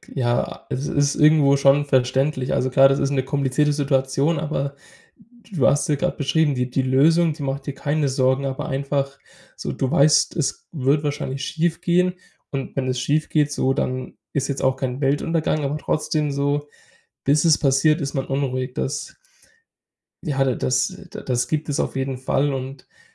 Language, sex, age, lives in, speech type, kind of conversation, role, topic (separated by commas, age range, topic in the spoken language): German, male, 20-24, Germany, conversation, advice, advisor, Wie kann ich unterwegs besser mit Reiseangst und Stress umgehen?